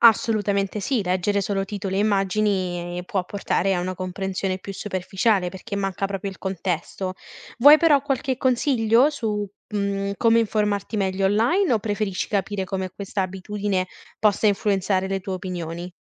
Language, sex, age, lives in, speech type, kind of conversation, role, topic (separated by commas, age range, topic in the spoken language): Italian, female, 20-24, Italy, read, expert-assistant, expert, Come funziona il fenomeno delle notizie virali sui social rispetto ai giornali di una volta?